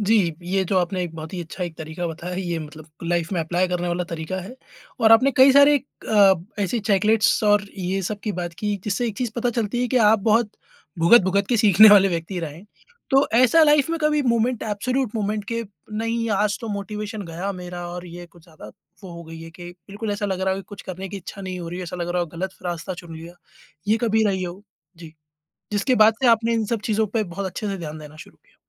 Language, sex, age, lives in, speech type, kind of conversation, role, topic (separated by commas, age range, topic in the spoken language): Hindi, male, 30-34, India, conversation, podcast, host, Learning mein motivation gir jaaye toh tum kya karte ho?